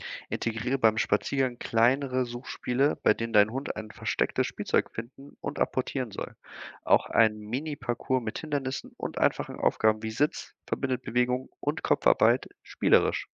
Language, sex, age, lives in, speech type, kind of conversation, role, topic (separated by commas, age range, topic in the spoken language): German, male, 25-29, Germany, read, expert-assistant, expert, Welche Schritte helfen dabei, das Training eines Haustiers langfristig zu planen, damit es Spaß macht und erfolgreich ist?